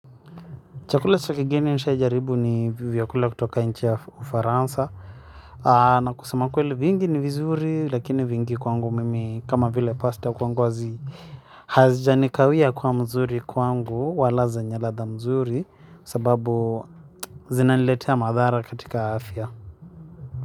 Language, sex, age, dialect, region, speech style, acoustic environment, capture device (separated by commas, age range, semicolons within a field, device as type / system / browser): Swahili, male, 25-34, Kenya - Nairobi Sheng-influenced, Kenya, happy, silence, mobile / Linux / Chrome